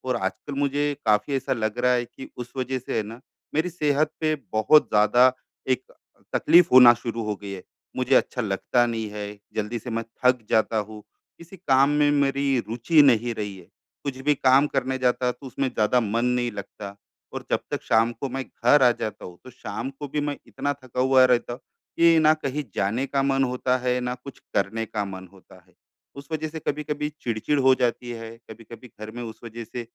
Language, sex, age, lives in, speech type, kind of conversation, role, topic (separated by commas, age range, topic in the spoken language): Hindi, male, 35-39, India, conversation, advice, user, मैं अपने स्वास्थ्य और व्यायाम के लिए समय कैसे निकालूँ?